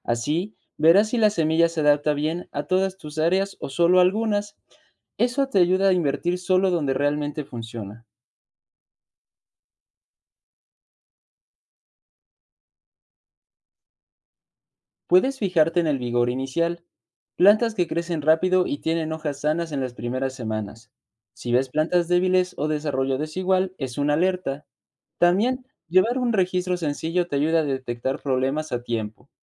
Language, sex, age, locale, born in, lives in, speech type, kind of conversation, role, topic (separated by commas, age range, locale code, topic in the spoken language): Spanish, male, 20-24, es-MX, Mexico, Mexico, read, expert-assistant, expert, ¿Cómo puedo validar si una innovación agrícola, como semillas resistentes, realmente funciona antes de probarla?